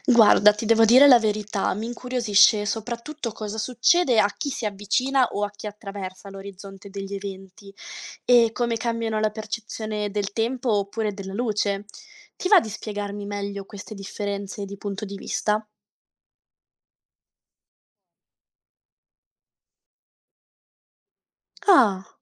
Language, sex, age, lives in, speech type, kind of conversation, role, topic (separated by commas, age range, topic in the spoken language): Italian, female, 20-24, Italy, read, expert-assistant, asker, Quando sento parlare di buchi neri o del Big Bang, come posso capire se quello che leggo è affidabile oppure no?